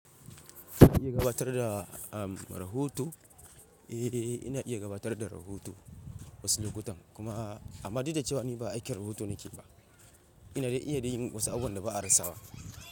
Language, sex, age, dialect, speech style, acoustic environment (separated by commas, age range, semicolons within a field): Hausa, male, 25-34, Nigeria - Ibadan, relaxed, silence